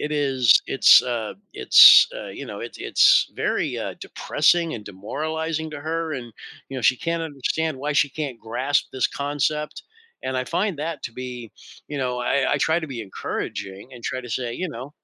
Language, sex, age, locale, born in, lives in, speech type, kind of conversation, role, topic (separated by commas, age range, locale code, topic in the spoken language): English, male, 60-64, en-US, United States, United States, conversation, podcast, host, How can experiencing setbacks help us grow and learn more effectively?